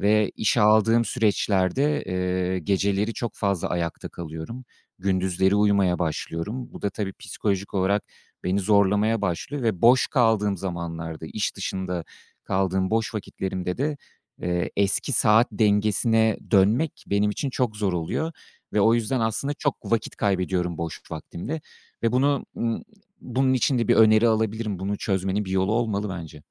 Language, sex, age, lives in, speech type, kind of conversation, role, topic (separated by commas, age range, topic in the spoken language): Turkish, male, 35-39, France, conversation, advice, user, Yaratıcı işlerin ile günlük sorumluluklarını dengelemekte neden zorlanıyorsun?